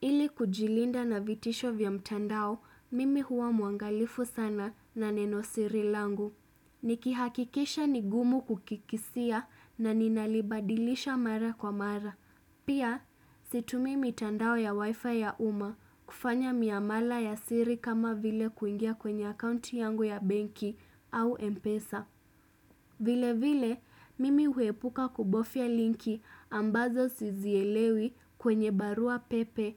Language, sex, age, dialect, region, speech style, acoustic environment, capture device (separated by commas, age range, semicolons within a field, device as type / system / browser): Swahili, female, 25-34, Kenya - Mombasa, Kenya, happy; relaxed; focused; excited, silence, desktop / Windows / Chrome